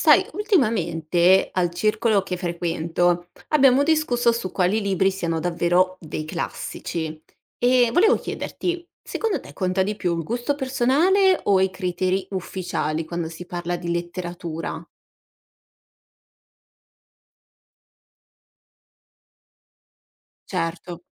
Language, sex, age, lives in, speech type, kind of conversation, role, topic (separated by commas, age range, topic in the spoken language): Italian, female, 60-64, Italy, read, expert-assistant, asker, Perché certi libri diventano classici secondo te, e chi decide cosa vale davvero nella letteratura?